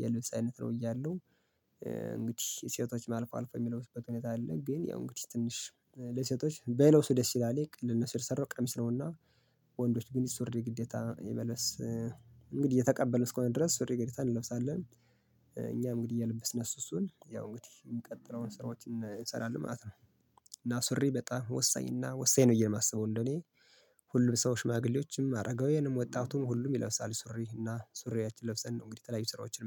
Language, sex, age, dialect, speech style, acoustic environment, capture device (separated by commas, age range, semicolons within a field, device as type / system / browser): Amharic, male, 25-34, Ethiopia - Gondar, happy, silence, mobile / Linux / Chrome